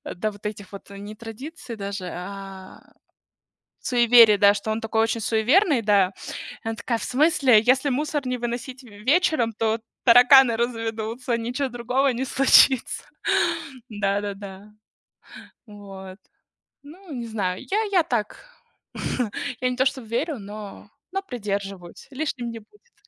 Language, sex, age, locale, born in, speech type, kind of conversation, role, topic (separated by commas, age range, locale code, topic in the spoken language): Russian, female, 30-34, ru-RU, Russia, conversation, unstructured, none, Какие традиции вашего сообщества вам нравятся больше всего?